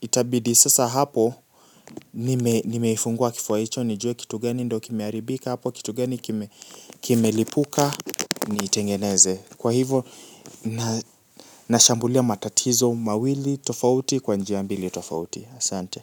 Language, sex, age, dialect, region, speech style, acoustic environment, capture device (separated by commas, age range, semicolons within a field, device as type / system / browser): Swahili, male, 18-24, Kenya - Nairobi Sheng-influenced, Kenya, relaxed, appliances, mobile / Linux / Chrome